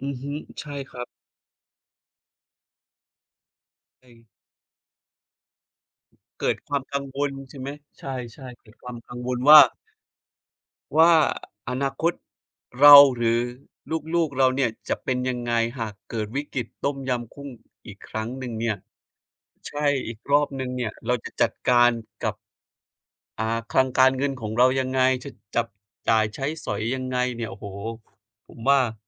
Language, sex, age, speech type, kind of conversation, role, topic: Thai, male, 30-34, conversation, unstructured, none, คุณกลัวไหมหากเกิดวิกฤตทางการเงินขึ้น?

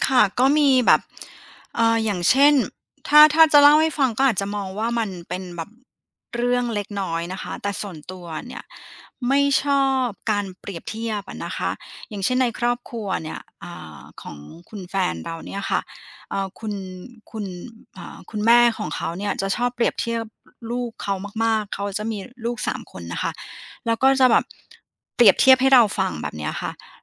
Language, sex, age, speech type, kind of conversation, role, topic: Thai, female, 40-44, conversation, advice, user, คุณมักหลีกเลี่ยงความขัดแย้งจนเก็บความไม่พอใจไว้ในใจหรือไม่?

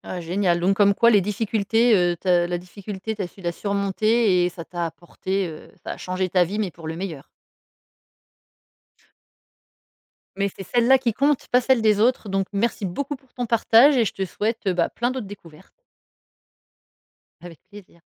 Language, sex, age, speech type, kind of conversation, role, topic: French, female, 40-44, conversation, podcast, host, Quelle décision difficile a vraiment changé ta vie ?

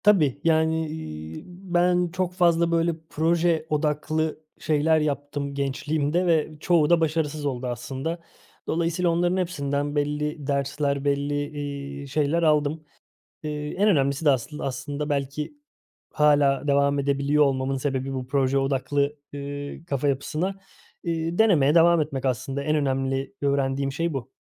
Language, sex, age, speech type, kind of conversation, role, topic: Turkish, male, 30-34, conversation, podcast, guest, Başarısızlıktan çıkardığın en önemli ders ne?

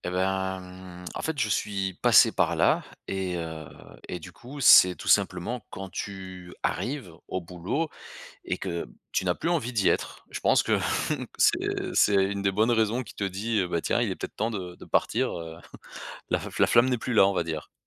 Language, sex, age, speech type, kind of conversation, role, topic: French, male, 35-39, conversation, podcast, guest, Comment savoir s’il est temps de quitter son poste ?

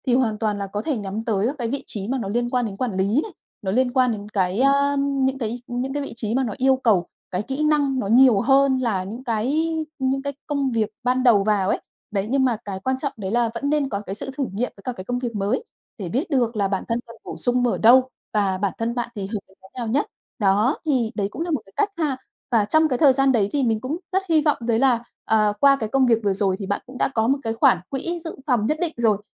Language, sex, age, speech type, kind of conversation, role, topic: Vietnamese, female, 30-34, conversation, advice, advisor, Làm sao vượt qua nỗi sợ đổi nghề khi đang ở giữa chặng đường sự nghiệp?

